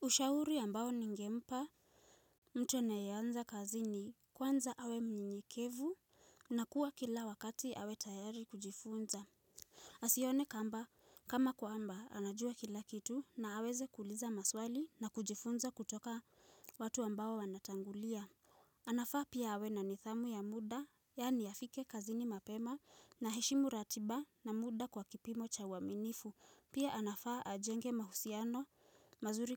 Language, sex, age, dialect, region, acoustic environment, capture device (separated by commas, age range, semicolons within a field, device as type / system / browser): Swahili, female, 18-24, Kenya - Nairobi Sheng-influenced, Kenya, silence, mobile / Linux / Chrome